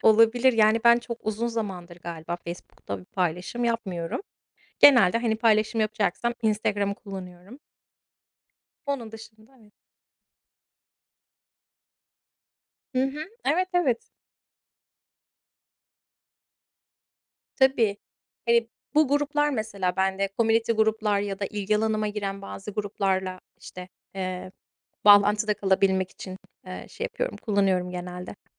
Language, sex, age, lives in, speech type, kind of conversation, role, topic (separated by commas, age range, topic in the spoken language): Turkish, female, 35-39, United States, conversation, unstructured, none, Akıllı telefonlar sosyal ilişkilerimizi etkiliyor mu?